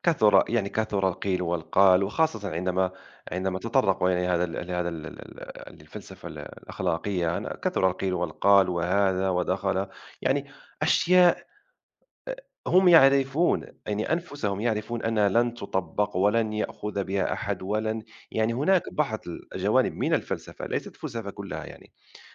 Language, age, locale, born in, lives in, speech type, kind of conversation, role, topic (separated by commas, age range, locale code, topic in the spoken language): Arabic, 30-34, ar-MA, Morocco, France, conversation, unstructured, none, إيه دور الفلسفة في تشكيل القيم الاجتماعية؟